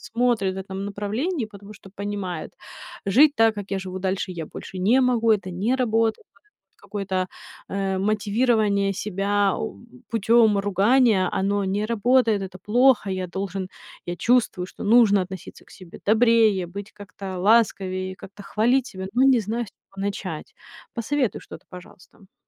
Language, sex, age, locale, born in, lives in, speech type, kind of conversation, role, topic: Russian, female, 30-34, ru-RU, Ukraine, United States, conversation, podcast, host, Что помогает тебе быть добрее к себе в трудные моменты?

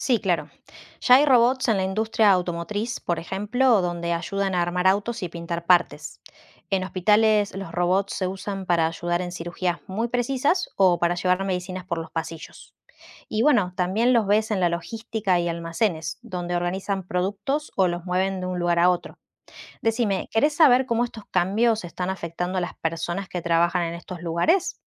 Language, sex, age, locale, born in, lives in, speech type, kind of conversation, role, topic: Spanish, female, 35-39, es-AR, Argentina, Netherlands, read, expert-assistant, expert, ¿Cómo puede la robótica transformar el mundo laboral?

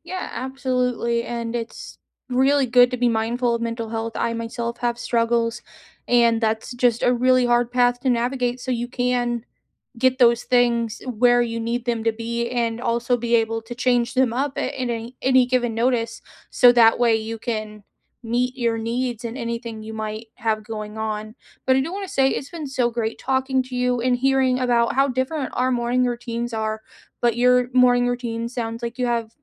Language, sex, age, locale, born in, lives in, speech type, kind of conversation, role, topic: English, female, 30-34, en-US, United States, United States, conversation, unstructured, none, What small habits brighten your morning routine, and when do they help or hinder?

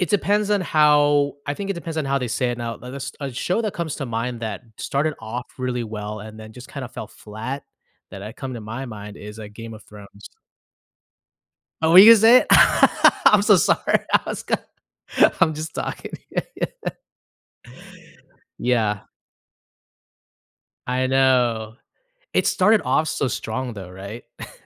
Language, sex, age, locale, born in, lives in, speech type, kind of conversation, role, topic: English, male, 35-39, en-US, United States, United States, conversation, podcast, host, How have advances in technology and changing viewer habits shaped the way we watch television today?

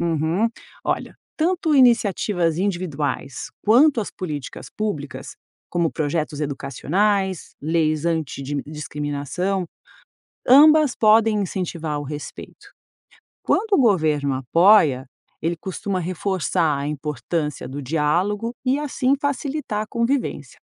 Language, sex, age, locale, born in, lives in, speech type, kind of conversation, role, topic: Portuguese, female, 50-54, pt-BR, Brazil, United States, read, expert-assistant, expert, Como seria o mundo se as pessoas seguissem uma mistura das principais religiões em vez de escolher só uma?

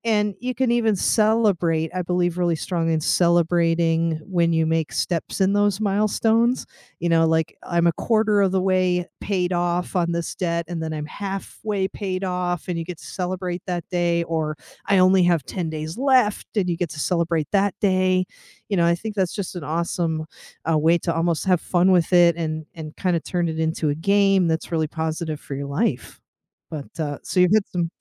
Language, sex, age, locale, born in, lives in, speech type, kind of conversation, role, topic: English, female, 40-44, en-US, United States, United States, conversation, unstructured, none, Which simple money habit makes your work life easier, and what’s the story behind it?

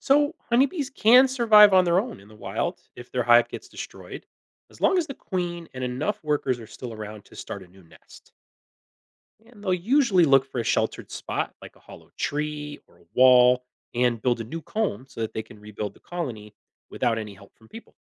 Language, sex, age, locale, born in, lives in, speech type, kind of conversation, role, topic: English, male, 40-44, en-US, United States, United States, read, expert-assistant, expert, How do bees make and use honey, and how do they survive in the wild versus managed hives?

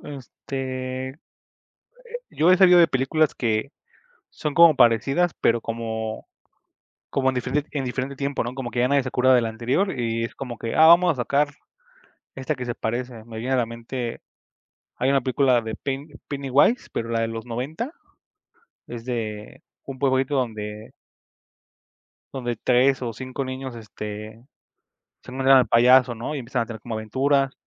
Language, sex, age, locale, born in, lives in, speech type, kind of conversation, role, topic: Spanish, male, 25-29, es-MX, Mexico, Mexico, conversation, unstructured, none, ¿Crees que las películas repetitivas cansan al público?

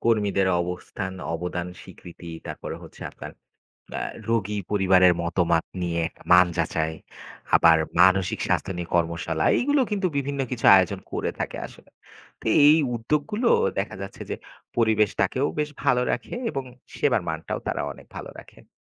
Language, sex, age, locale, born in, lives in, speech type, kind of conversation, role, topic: Bengali, male, 25-29, bn-BD, Bangladesh, Bangladesh, read, expert-assistant, expert, কেন হাসপাতালের ভালো ব্যবস্থাপনা রোগীদের অভিজ্ঞতাকে এতটা প্রভাবিত করে?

